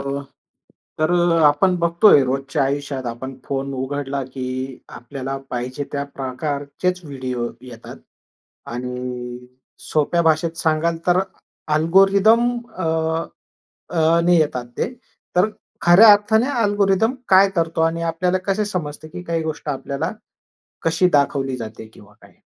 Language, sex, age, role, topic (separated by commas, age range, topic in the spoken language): Marathi, male, 45-49, host, अल्गोरिदम आपण काय पाहतो ते कसे ठरवतात?